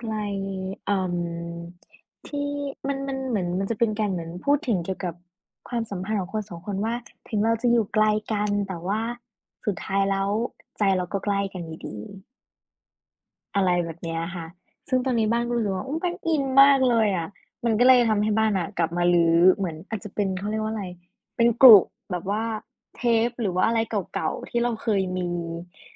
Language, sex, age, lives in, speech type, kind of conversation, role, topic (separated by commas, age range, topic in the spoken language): Thai, female, 20-24, Thailand, conversation, unstructured, none, คุณชอบฟังเพลงเก่าๆ เพลงไหนที่ทำให้คุณคิดถึงอดีตมากที่สุด?